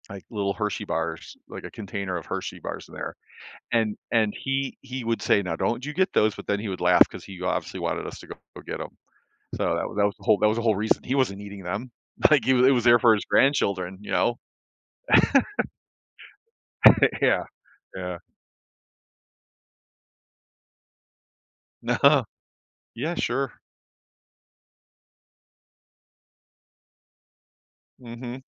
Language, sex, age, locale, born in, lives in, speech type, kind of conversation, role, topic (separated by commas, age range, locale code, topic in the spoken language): English, male, 55-59, en-US, United States, United States, conversation, podcast, guest, How do shared experiences and memories make certain meals more meaningful?